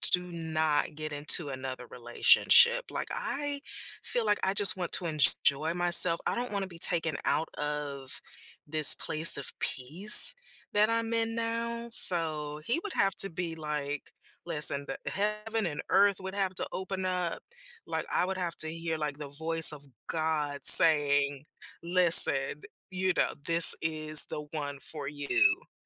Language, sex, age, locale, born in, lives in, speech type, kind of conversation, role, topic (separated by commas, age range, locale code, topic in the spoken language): English, female, 50-54, en-US, United States, United States, conversation, podcast, guest, Why do certain songs have such a strong emotional impact on us?